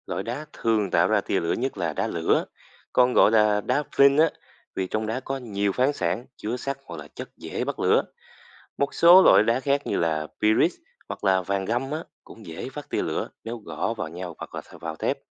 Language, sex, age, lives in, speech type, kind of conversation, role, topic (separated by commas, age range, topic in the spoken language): Vietnamese, male, 20-24, Vietnam, read, expert-assistant, expert, Tại sao khi ta đập hai viên đá vào nhau, đôi khi lại phát ra tia lửa?